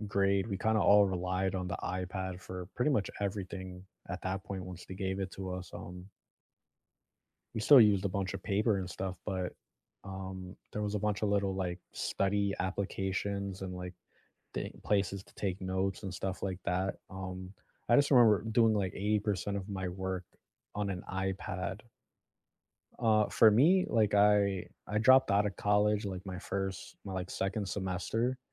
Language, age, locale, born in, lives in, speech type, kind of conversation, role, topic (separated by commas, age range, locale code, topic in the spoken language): English, 25-29, en-US, United States, United States, conversation, unstructured, none, How have your classroom experiences changed from chalkboards to AI over the years?